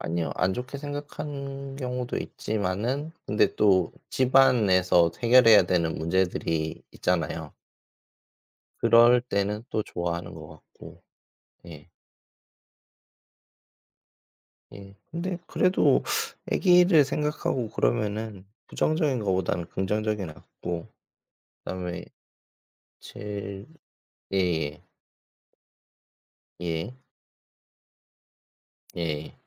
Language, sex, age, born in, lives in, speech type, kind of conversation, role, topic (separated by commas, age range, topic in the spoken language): Korean, male, 40-44, South Korea, South Korea, conversation, unstructured, none, 긍정적인 생각을 계속 유지하려면 어떻게 해야 할까요?